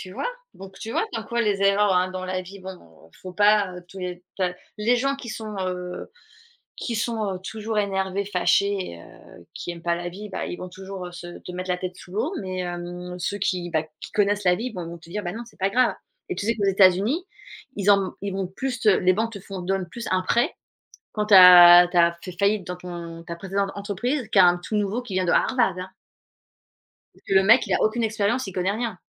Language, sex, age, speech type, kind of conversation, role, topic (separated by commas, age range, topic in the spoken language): French, female, 40-44, conversation, unstructured, none, Comment gères-tu les erreurs dans ta vie ?